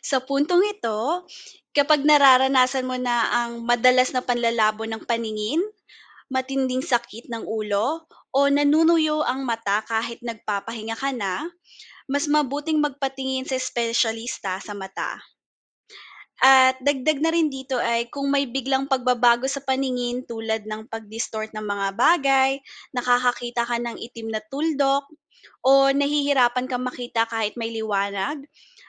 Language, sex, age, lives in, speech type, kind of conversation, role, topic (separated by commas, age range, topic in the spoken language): Filipino, female, 30-34, Philippines, read, expert-assistant, expert, Ano ang mga epekto ng labis na paggamit ng cellphone sa kalusugan ng mata?